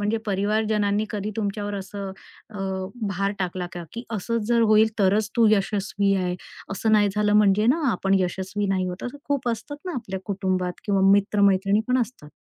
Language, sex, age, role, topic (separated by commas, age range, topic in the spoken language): Marathi, female, 45-49, host, यशाचा खरा अर्थ काय असावा?